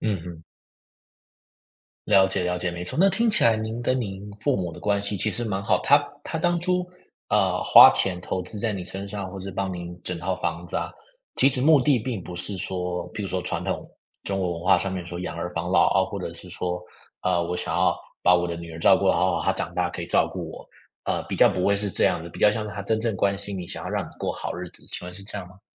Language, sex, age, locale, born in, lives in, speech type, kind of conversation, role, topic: Chinese, male, 25-29, zh-TW, United States, United States, conversation, podcast, host, 你怎么看待父母用钱来表达爱的方式？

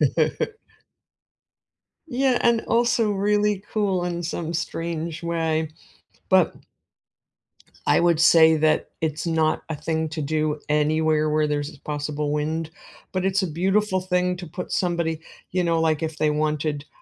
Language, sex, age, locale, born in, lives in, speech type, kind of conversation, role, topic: English, female, 50-54, en-US, United States, United States, conversation, unstructured, none, What personal rituals, stories, or traditions help you honor and keep your loved ones' memories alive?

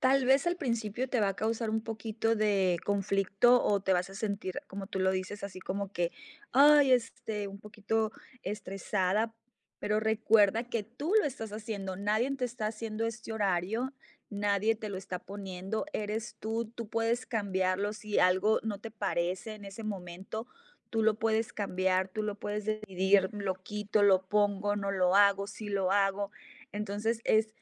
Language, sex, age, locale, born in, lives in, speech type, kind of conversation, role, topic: Spanish, female, 40-44, es-MX, Mexico, United States, conversation, advice, advisor, ¿Cómo puedo equilibrar mis hobbies con mis responsabilidades diarias?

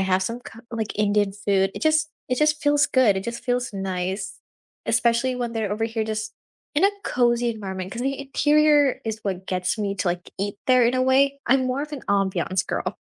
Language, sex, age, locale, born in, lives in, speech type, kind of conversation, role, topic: English, female, 18-19, en-US, India, United States, conversation, unstructured, none, How do you decide where to order takeout from when everyone has a different comfort food favorite?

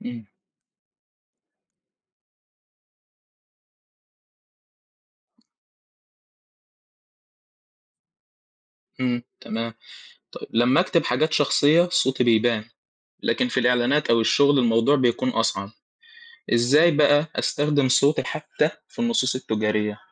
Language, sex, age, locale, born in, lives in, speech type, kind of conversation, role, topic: Arabic, male, 18-19, ar-EG, Egypt, Egypt, read, expert-assistant, asker, إزاي أطور أسلوبي في الكتابة الإبداعية وأخلي عندي صوت مميز يبان في كل اللي بكتبه؟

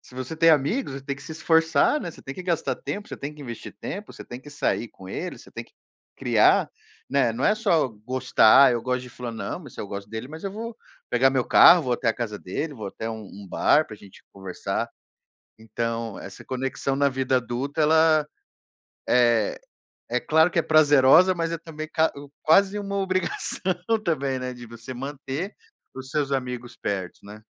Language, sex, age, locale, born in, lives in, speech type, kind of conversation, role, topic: Portuguese, male, 35-39, pt-BR, Brazil, United States, conversation, podcast, host, Como você faz novas amizades em diferentes fases da vida?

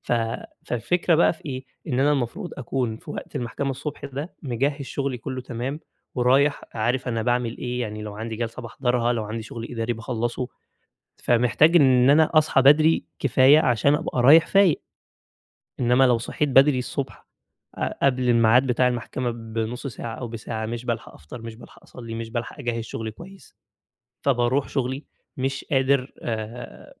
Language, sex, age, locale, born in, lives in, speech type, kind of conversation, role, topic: Arabic, male, 20-24, ar-EG, Egypt, Egypt, conversation, advice, user, إزاي أقدر أبني روتين يومي يساعدني أتعامل مع إحساس عدم اليقين بسبب أخبار العالم؟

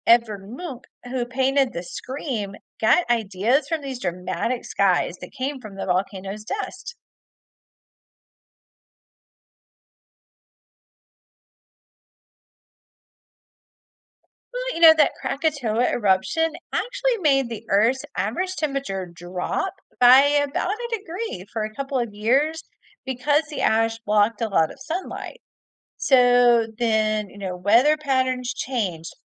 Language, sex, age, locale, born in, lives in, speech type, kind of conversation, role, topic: English, female, 45-49, en-US, United States, United States, read, expert-assistant, expert, Why does the sky appear blue during the day?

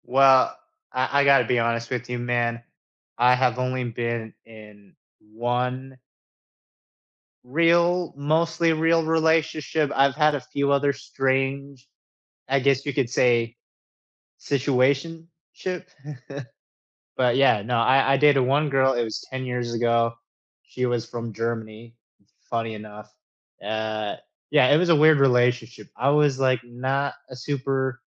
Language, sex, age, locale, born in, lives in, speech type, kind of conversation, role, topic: English, male, 25-29, en-US, United States, United States, conversation, unstructured, none, What surprises you most about being in a relationship?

